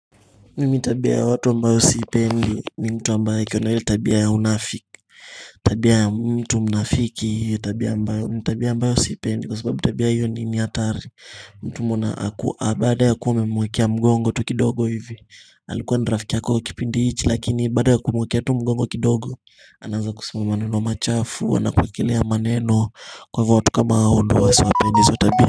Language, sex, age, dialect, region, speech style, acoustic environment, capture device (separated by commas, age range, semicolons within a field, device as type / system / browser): Swahili, male, 18-24, Kenya - Mombasa, Kenya, happy; anxious; excited, people talking; music; nature; appliances, mobile / Linux / Chrome